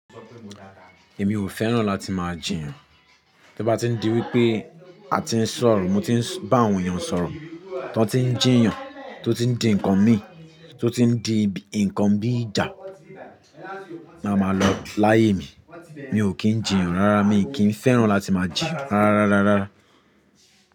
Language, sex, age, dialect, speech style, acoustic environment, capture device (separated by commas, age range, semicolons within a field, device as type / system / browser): Yoruba, male, 25-34, Nigeria - Lagos Yoruba, relaxed; tired, people talking, mobile / Linux / Chrome